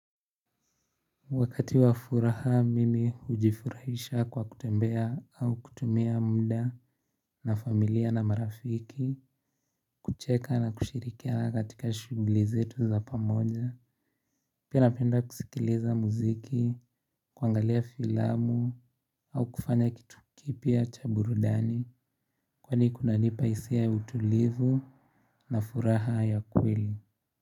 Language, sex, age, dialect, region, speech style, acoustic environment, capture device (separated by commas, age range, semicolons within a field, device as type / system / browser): Swahili, male, 18-24, Kenya - Nairobi Sheng-influenced, Kenya, relaxed; focused, traffic; nature; silence, mobile / Linux / Chrome